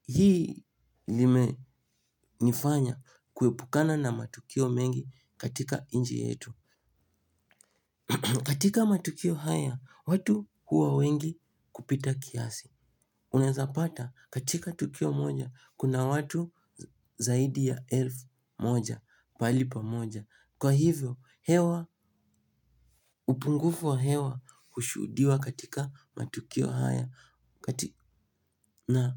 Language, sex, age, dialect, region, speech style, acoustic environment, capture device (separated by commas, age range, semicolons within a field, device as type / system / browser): Swahili, male, 18-24, Kenya - Nairobi Sheng-influenced, Kenya, happy, music, mobile / Linux / Chrome